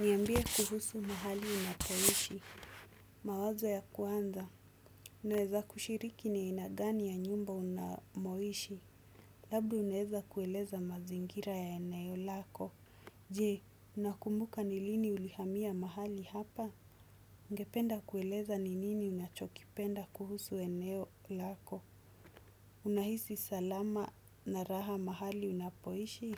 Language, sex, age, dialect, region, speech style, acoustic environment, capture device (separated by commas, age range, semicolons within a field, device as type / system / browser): Swahili, female, 25-34, Kenya - Mombasa, Kenya, focused, silence, desktop / Windows / Chrome